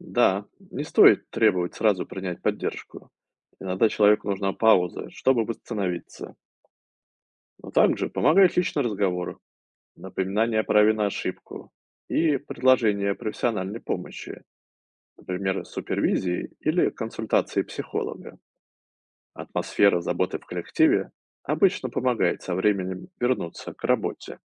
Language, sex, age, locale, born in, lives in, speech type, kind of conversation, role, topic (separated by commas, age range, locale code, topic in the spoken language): Russian, male, 35-39, ru-RU, Russia, United States, read, expert-assistant, expert, Как принимать сложные решения, когда хочешь помочь, но ресурсов не хватает?